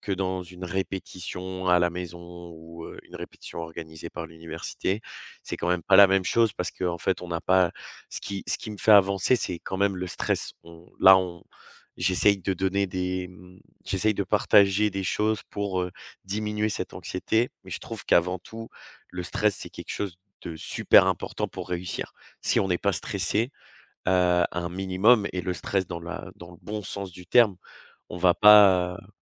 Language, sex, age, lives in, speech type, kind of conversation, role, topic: French, male, 20-24, France, conversation, podcast, guest, Comment gérer l’anxiété avant un examen ?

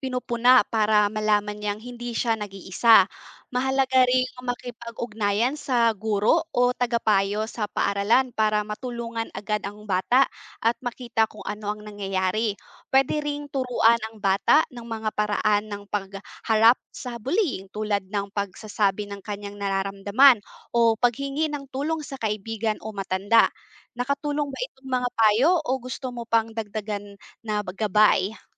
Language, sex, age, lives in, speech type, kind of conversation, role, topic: Filipino, female, 25-29, Philippines, read, expert-assistant, expert, Paano nakakaapekto ang bullying sa pag-uugali ng kabataan?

